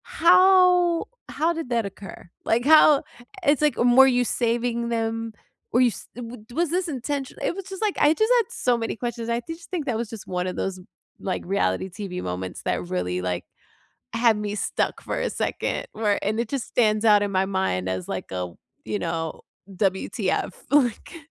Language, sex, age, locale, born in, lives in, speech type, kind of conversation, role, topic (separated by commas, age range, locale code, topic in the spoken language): English, female, 35-39, en-US, United States, United States, conversation, unstructured, none, Which reality shows are your guilty pleasures, and what makes them so addictively fun?